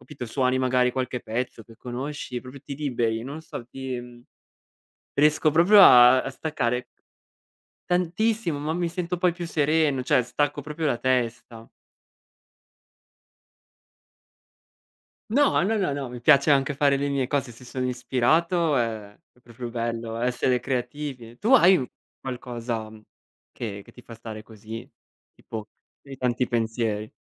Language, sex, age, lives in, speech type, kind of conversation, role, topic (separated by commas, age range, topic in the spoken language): Italian, male, 25-29, Italy, conversation, unstructured, none, Qual è il tuo hobby preferito e perché ti piace così tanto?